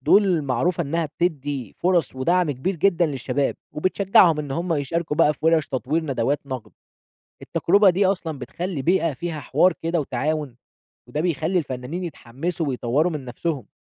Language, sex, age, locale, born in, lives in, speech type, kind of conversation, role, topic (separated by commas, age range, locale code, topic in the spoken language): Arabic, male, 20-24, ar-EG, Egypt, Egypt, read, expert-assistant, expert, إزاي توازن بين أهمية دعم المواهب الجديدة في الفنون الأدائية وبين متابعة العروض الكلاسيكية القديمة اللي ليها تاريخ؟